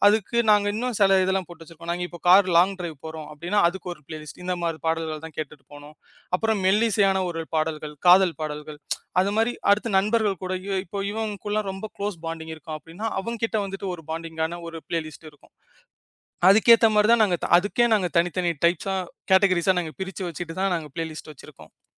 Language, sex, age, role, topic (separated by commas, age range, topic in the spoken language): Tamil, male, 25-29, guest, ஒரே பிளேலிஸ்டில் பல மொழிப் பாடல்கள் கலந்திருந்தால் உங்கள் மனநிலை எப்படி மாறும்?